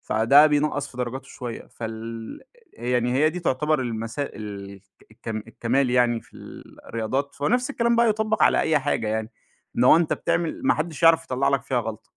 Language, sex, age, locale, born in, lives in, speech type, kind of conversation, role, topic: Arabic, male, 25-29, ar-EG, Egypt, Egypt, conversation, podcast, guest, ليه بننجذب للأبطال اللي فيهم عيوب أكتر من الأبطال الكاملين؟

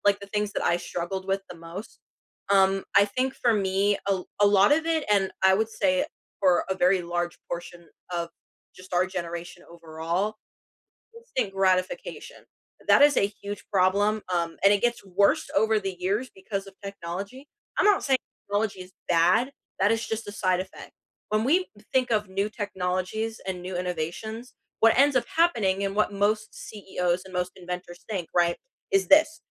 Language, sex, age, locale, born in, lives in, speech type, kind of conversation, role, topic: English, female, 18-19, en-US, United States, United States, conversation, unstructured, none, What is one small habit that has changed your day?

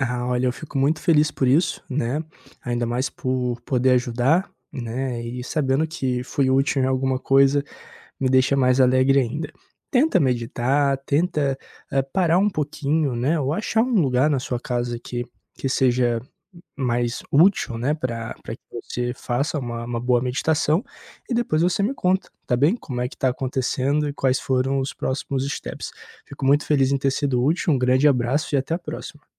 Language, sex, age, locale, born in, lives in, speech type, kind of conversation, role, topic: Portuguese, male, 25-29, pt-BR, Brazil, Portugal, conversation, advice, advisor, Como posso criar o hábito de meditar todos os dias apesar das dificuldades?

